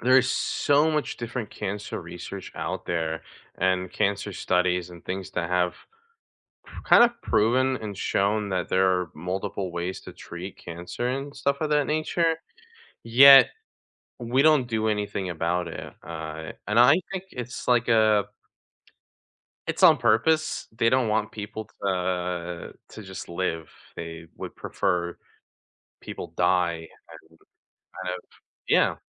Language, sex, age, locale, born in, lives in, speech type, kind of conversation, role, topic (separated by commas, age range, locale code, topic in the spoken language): English, male, 20-24, en-US, United States, United States, conversation, unstructured, none, What recent science or health story surprised you?